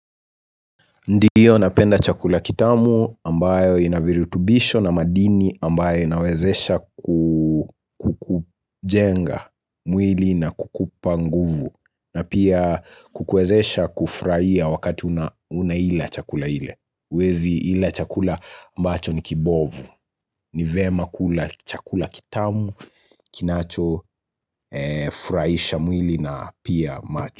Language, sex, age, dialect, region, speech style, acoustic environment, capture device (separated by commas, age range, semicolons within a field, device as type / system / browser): Swahili, male, 25-34, Kenya - Nairobi Sheng-influenced, Kenya, nervous, silence, mobile / Linux / Chrome